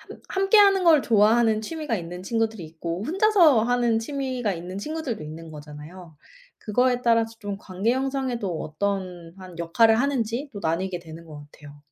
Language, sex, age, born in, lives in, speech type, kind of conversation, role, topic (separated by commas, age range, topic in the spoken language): Korean, female, 25-29, South Korea, United States, conversation, unstructured, none, 어린 시절에 가장 좋아했던 놀이 활동은 지금의 당신에게 어떤 영향을 미쳤나요?